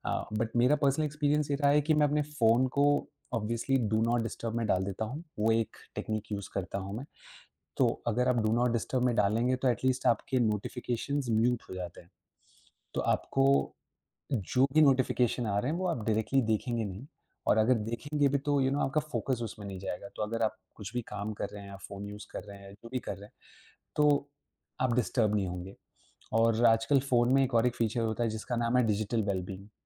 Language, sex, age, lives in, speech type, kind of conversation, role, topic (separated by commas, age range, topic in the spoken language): Hindi, male, 25-29, India, conversation, podcast, guest, आप अपने स्क्रीन समय को नियंत्रित करने के लिए कौन-सा तरीका अपनाते हैं?